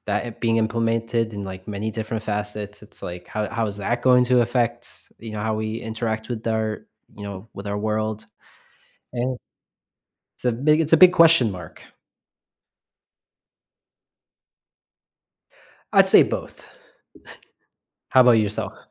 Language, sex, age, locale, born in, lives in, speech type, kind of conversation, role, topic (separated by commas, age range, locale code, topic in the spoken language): English, male, 35-39, en-US, United States, United States, conversation, podcast, host, In what ways have smartphones transformed our daily lives and interactions?